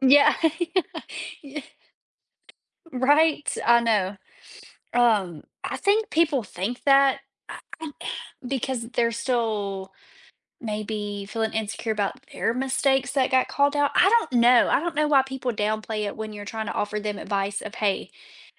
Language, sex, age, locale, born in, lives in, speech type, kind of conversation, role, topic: English, female, 25-29, en-US, United States, United States, conversation, podcast, host, Why is it important for students to view mistakes as opportunities for learning and growth?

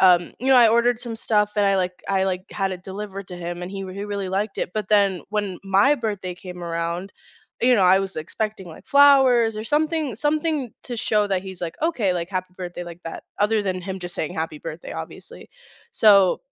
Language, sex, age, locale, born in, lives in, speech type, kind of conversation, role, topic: English, female, 25-29, en-US, United States, United States, conversation, unstructured, none, Can you share a recent personal challenge you faced and what it taught you about yourself?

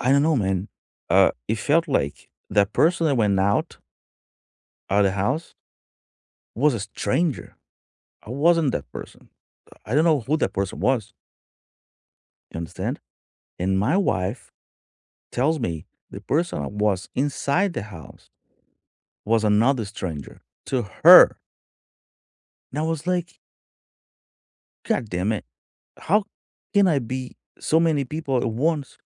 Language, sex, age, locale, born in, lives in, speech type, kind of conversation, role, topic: English, male, 45-49, en-BR, Brazil, United States, conversation, unstructured, none, What do you think about the stigma around mental health?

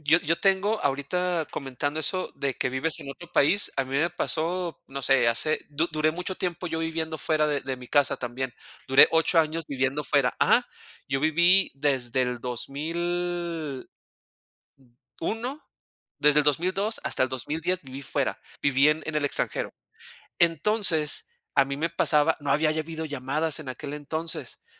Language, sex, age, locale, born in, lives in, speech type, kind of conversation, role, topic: Spanish, male, 40-44, es-MX, Mexico, Mexico, conversation, unstructured, none, ¿Tienes alguna tradición familiar que te cause nostalgia?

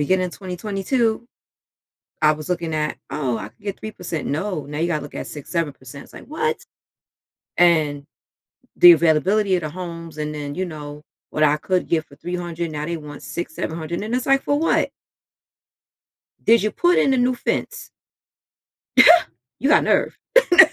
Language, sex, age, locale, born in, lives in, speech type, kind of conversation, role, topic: English, female, 40-44, en-US, United States, United States, conversation, podcast, guest, How can reflecting on history help us make better choices today?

